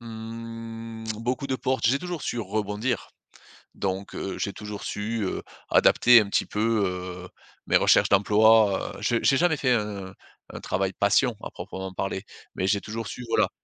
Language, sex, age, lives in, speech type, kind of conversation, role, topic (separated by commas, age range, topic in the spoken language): French, male, 35-39, France, conversation, podcast, guest, Comment décides-tu s’il vaut mieux continuer tes études ou commencer à travailler immédiatement ?